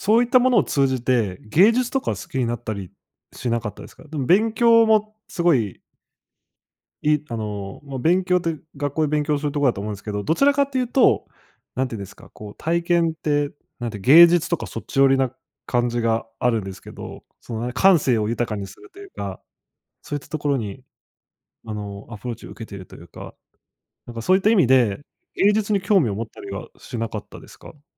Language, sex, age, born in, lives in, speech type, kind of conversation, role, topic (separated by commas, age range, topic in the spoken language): Japanese, male, 25-29, Japan, Japan, conversation, podcast, host, 良い先生とはどのような人だと思いますか？